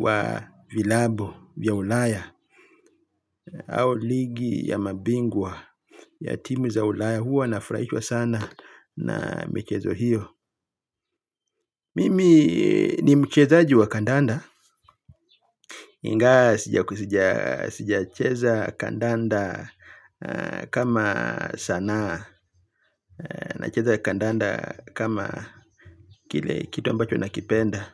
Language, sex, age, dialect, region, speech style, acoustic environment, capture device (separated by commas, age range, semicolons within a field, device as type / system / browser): Swahili, male, 35-44, Kenya - Mombasa, Kenya, happy, traffic, mobile / Linux / Chrome